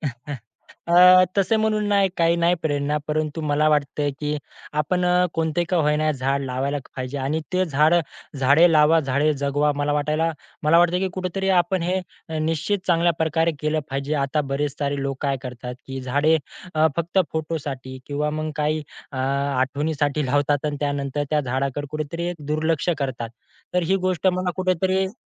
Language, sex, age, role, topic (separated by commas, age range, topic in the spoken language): Marathi, male, 20-24, guest, वृक्षारोपण करताना कोणत्या गोष्टी लक्षात ठेवायला हव्यात?